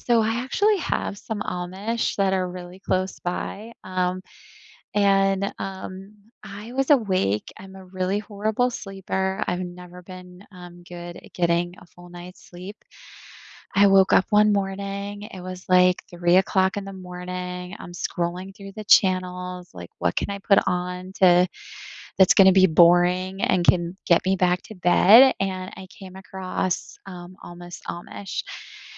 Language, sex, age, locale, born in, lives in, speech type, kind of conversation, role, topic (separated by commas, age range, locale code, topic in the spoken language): English, female, 40-44, en-US, United States, United States, conversation, unstructured, none, Which reality shows are your guilty pleasures, and what makes them so addictively fun?